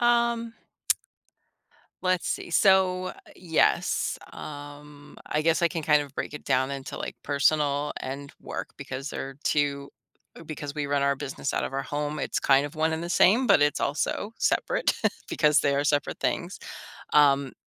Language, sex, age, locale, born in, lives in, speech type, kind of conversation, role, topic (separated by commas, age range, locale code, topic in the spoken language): English, female, 50-54, en-US, United States, United States, conversation, advice, user, How do I figure out what truly brings me joy?